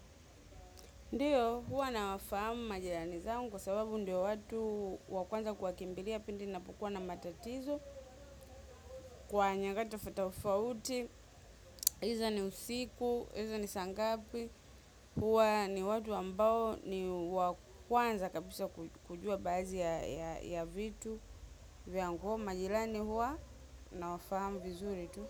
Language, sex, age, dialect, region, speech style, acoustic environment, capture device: Swahili, female, 25-34, Tanzania - Dar es Salaam, Tanzania, happy, silence, mobile / macOS / Safari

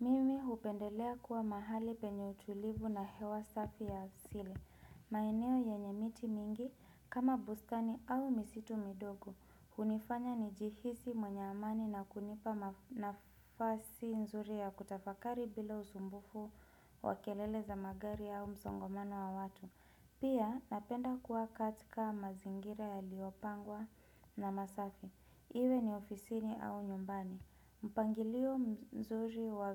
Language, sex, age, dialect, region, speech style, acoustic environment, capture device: Swahili, female, 25-34, Kenya - Nairobi Sheng-influenced, Kenya, relaxed, nature; appliances; people talking, desktop / Windows / Chrome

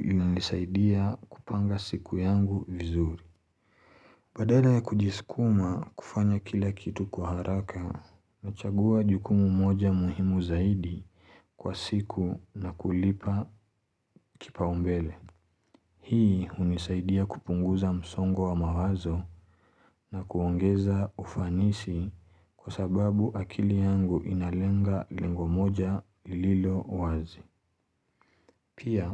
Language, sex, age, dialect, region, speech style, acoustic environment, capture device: Swahili, male, 25-34, Kenya - Mombasa, Kenya, relaxed, silence, mobile / Linux / Chrome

